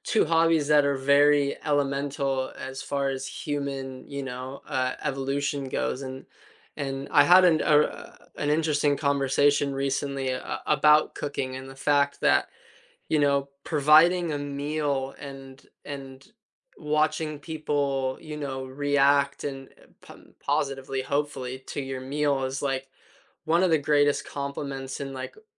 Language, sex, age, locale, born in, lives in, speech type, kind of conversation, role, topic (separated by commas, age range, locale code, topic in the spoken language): English, male, 20-24, en-US, United States, United States, conversation, unstructured, none, How do your hobbies reflect your identity?